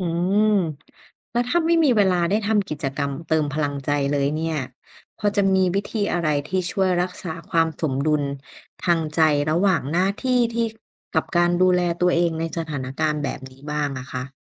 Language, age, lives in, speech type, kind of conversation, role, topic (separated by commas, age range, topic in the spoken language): Thai, 30-34, Thailand, read, expert-assistant, asker, จะรักษาความสมดุลระหว่างหน้าที่การดูแลผู้ป่วยกับการดูแลตัวเองอย่างไร